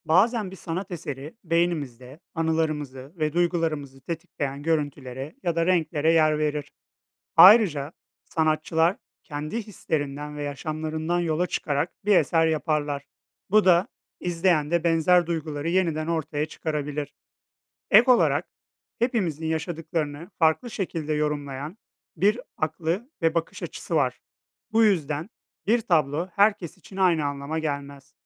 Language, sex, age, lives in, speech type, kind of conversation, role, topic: Turkish, male, 30-34, Poland, read, expert-assistant, expert, Sanatın insan yaşamındaki yeri nedir?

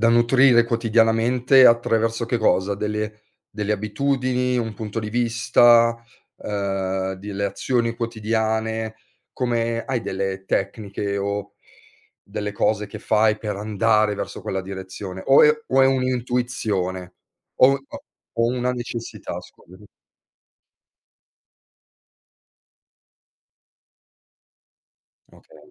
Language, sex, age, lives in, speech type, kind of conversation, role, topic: Italian, male, 40-44, Italy, conversation, podcast, host, Come definiresti il successo nella vita con parole semplici?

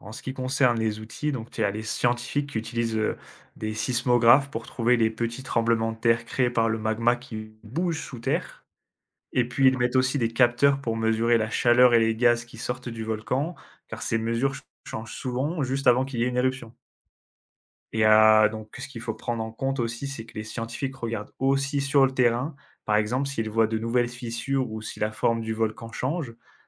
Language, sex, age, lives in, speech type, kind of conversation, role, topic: French, male, 25-29, France, read, expert-assistant, expert, Comment les volcans se forment-ils ?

